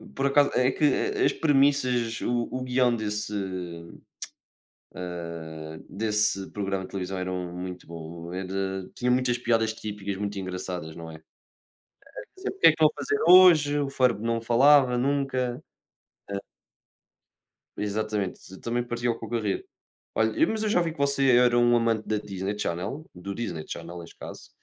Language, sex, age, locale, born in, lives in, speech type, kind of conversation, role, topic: Portuguese, male, 20-24, pt-PT, Portugal, Portugal, conversation, unstructured, none, Como os desenhos animados que você assistia influenciaram o seu senso de humor?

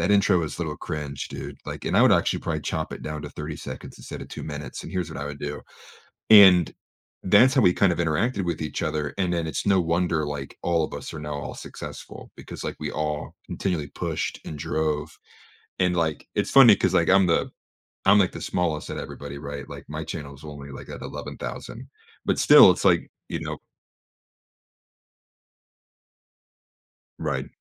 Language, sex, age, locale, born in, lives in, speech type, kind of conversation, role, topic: English, male, 25-29, en-US, United States, United States, conversation, advice, user, How can I make new friends as an adult?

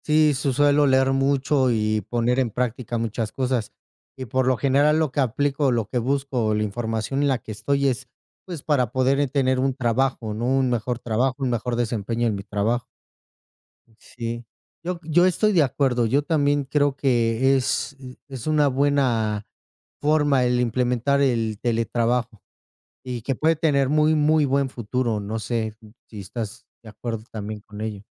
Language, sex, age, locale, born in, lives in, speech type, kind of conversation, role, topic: Spanish, male, 35-39, es-MX, Mexico, Mexico, conversation, unstructured, none, ¿Qué opinas sobre el teletrabajo y su futuro?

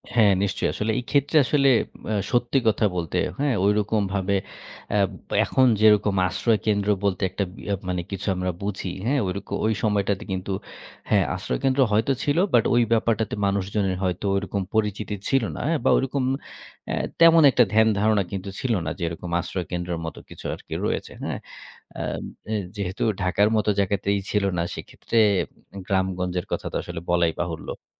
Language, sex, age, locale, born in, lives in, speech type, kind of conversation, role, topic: Bengali, male, 30-34, bn-BD, Bangladesh, Bangladesh, conversation, podcast, guest, প্রাকৃতিক দুর্যোগের ক্ষেত্রে পরিবারের প্রস্তুতি কেমন হওয়া উচিত?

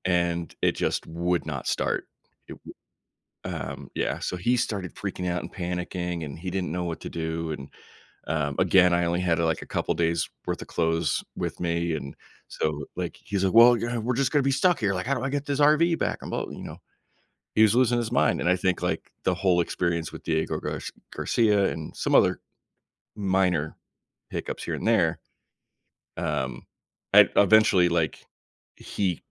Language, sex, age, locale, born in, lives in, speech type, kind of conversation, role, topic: English, male, 35-39, en-US, United States, United States, conversation, podcast, guest, How do unexpected travel challenges shape your experiences and perspective?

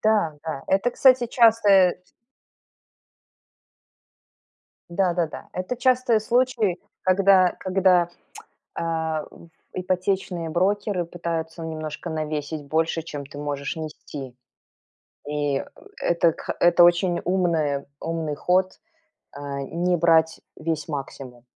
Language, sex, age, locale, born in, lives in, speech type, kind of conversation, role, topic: Russian, female, 45-49, ru-RU, Belarus, United States, conversation, podcast, host, Как вы покупали своё первое жильё?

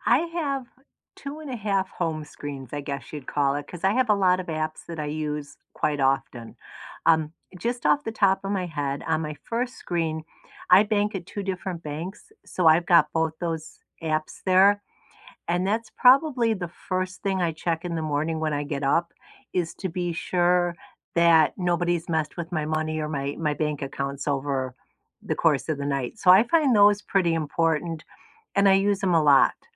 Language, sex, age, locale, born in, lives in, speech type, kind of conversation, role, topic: English, female, 65-69, en-US, United States, United States, conversation, unstructured, none, What apps are on your home screen, and why did you choose them?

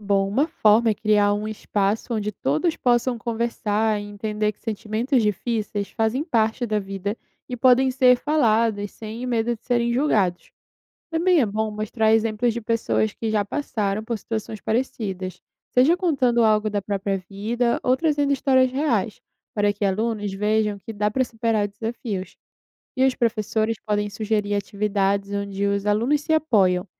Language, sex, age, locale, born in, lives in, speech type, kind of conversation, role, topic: Portuguese, female, 20-24, pt-BR, Brazil, Italy, read, expert-assistant, expert, De que maneira a leitura de biografias inspira jovens estudantes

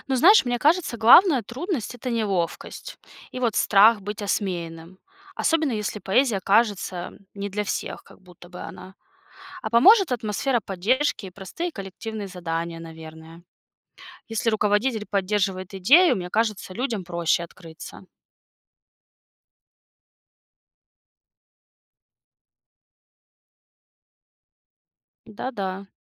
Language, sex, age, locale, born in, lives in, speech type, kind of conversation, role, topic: Russian, female, 30-34, ru-RU, Russia, United States, read, expert-assistant, asker, Что бы изменилось в мире, если бы все люди внезапно начали писать стихи каждый день?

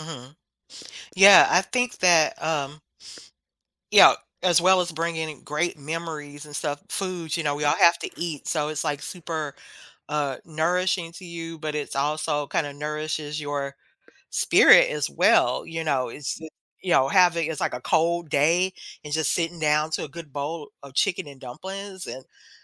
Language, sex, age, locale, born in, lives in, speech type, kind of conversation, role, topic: English, female, 50-54, en-US, United States, United States, conversation, podcast, guest, Why do certain foods bring us comfort and emotional connection?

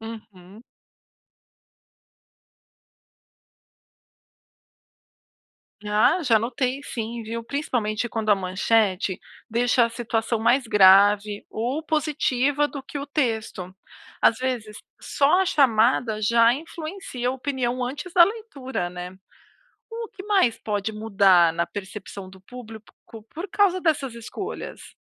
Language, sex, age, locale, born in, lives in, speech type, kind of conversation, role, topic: Portuguese, female, 40-44, pt-BR, Brazil, United States, read, expert-assistant, asker, Às vezes, o mesmo acontecimento é contado de formas bem diferentes. Como a gente pode entender essas diferenças e descobrir o que é fato mesmo?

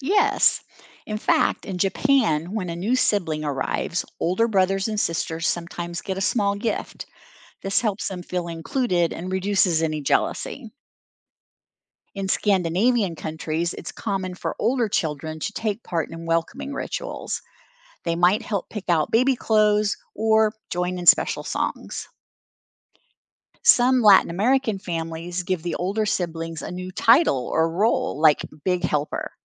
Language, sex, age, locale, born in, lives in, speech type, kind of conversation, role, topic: English, female, 60-64, en-US, United States, United States, read, expert-assistant, expert, What are the different traditions around the world for welcoming a newborn baby?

